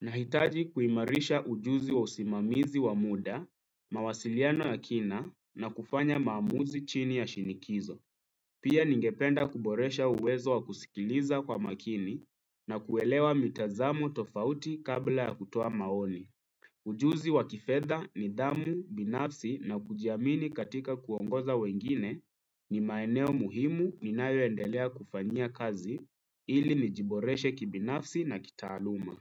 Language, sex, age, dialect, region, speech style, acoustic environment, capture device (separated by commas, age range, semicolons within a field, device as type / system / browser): Swahili, male, 25-34, Kenya - Nairobi Sheng-influenced, Kenya, relaxed; focused, nature; silence, desktop / Windows / Chrome